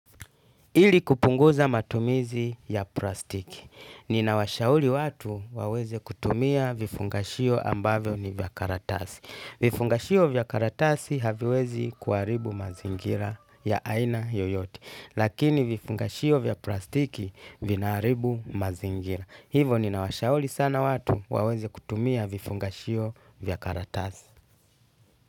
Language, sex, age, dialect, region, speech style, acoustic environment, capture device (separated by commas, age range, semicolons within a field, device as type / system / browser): Swahili, male, 35-44, Tanzania - Dar es Salaam, Tanzania, tired, music, desktop / Linux / Chrome